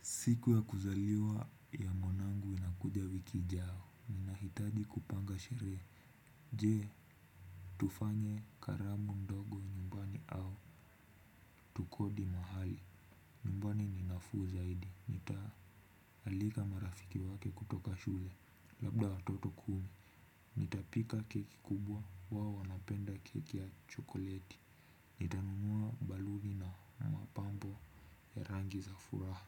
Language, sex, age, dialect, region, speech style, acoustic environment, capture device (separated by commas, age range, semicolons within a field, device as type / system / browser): Swahili, male, 18-24, Kenya - Nairobi Sheng-influenced, Kenya, relaxed, silence, desktop / Windows / Chrome